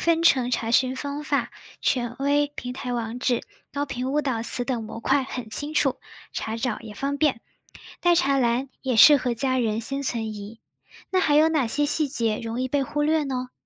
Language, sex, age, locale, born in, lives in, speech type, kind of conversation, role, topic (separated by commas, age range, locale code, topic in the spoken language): Chinese, female, 20-24, zh-CN, China, United States, read, expert-assistant, asker, 有时候听到热门医学专科的“新发现”，我们应该如何查证这些信息是否准确？